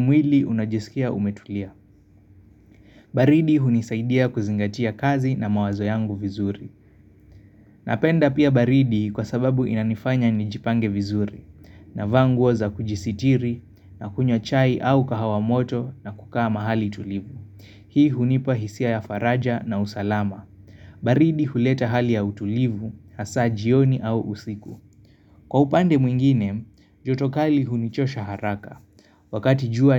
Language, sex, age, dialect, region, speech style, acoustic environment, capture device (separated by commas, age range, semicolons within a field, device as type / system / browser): Swahili, male, 25-34, Kenya - Nairobi Sheng-influenced, Kenya, tired; focused; excited, construction; nature; appliances, mobile / Linux / Chrome